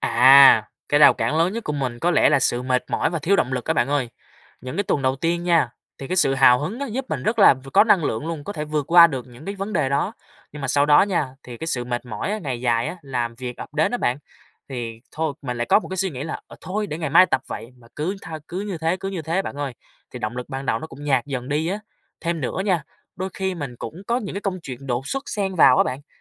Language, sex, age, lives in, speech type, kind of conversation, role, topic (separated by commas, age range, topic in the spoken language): Vietnamese, male, 20-24, Vietnam, conversation, advice, user, Làm thế nào để bạn duy trì thói quen tập luyện lâu dài?